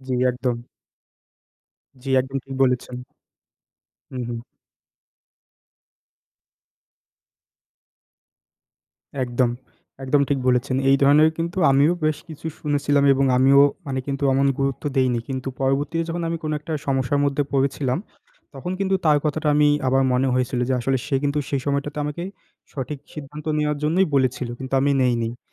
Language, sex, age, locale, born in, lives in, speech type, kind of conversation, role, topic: Bengali, male, 20-24, bn-BD, Bangladesh, Bangladesh, conversation, unstructured, none, অর্থ সঞ্চয় করা কেন গুরুত্বপূর্ণ?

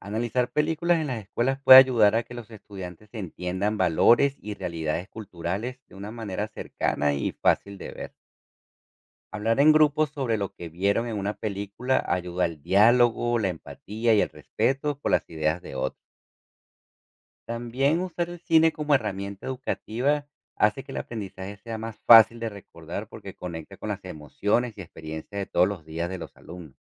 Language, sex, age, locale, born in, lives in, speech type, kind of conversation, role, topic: Spanish, male, 40-44, es-CO, Venezuela, United States, read, expert-assistant, expert, ¿De qué forma el cine puede promover valores positivos en la sociedad?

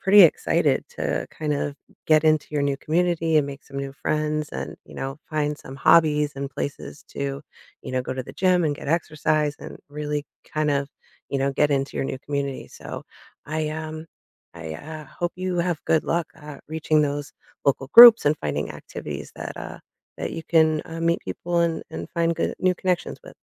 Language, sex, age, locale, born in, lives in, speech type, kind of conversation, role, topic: English, female, 40-44, en-US, United States, United States, conversation, advice, advisor, How do I settle in and build meaningful connections after moving to a new city?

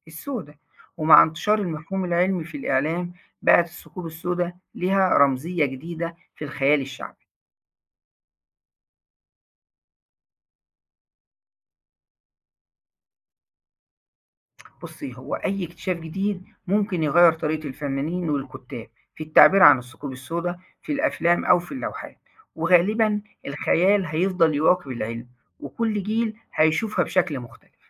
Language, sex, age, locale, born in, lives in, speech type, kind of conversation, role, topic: Arabic, male, 40-44, ar-EG, Egypt, Egypt, read, expert-assistant, expert, ليه الناس عندها فضول كبير عن الثقوب السودا؟ وهل النظرة ليها بتختلف من مجتمع للتاني؟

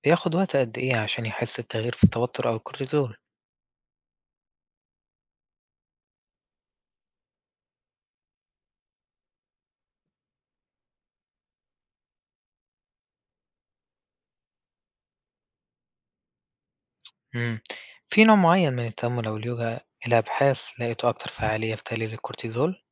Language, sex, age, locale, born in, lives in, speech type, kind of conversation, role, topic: Arabic, male, 20-24, ar-EG, Egypt, Egypt, read, expert-assistant, asker, بيقولوا إن ممارسة التأمل أو اليوجا بتقلل مستويات الكورتيزول في الجسم وبتساعد على إدارة الضغط العصبي، هل الكلام دا مدعوم بأبحاث علمية فعلاً؟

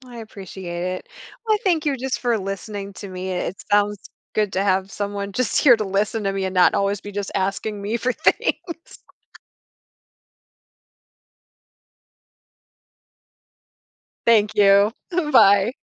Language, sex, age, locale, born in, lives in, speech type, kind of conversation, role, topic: English, female, 45-49, en-US, United States, United States, conversation, advice, user, How can i balance my work responsibilities while making time for my family?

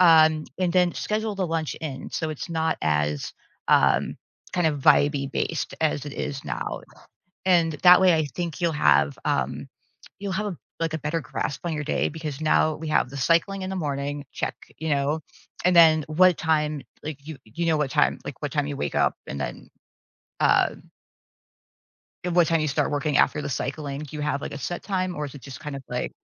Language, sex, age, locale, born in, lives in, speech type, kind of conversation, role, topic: English, female, 45-49, en-US, United States, United States, conversation, advice, advisor, How can I improve my work-life balance?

